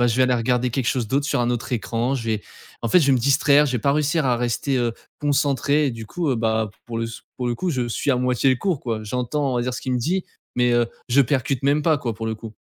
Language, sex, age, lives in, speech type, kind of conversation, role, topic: French, male, 20-24, France, conversation, advice, user, Comment l’utilisation excessive des écrans le soir perturbe-t-elle votre repos ?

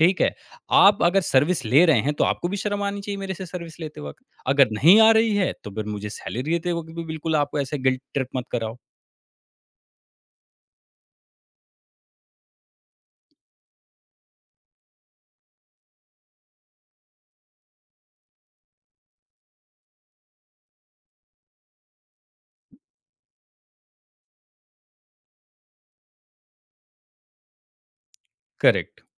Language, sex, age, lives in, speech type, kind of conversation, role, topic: Hindi, male, 30-34, India, conversation, podcast, guest, Salary negotiate karne ka sabse behtar tareeka kya hai?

